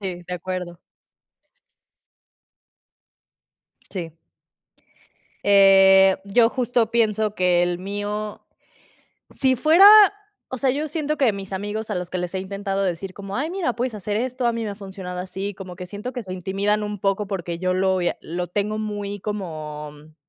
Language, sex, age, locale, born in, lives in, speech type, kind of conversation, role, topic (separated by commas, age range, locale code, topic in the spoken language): Spanish, female, 30-34, es-MX, Mexico, Mexico, conversation, unstructured, none, ¿Qué hábito pequeño te ha cambiado la vida?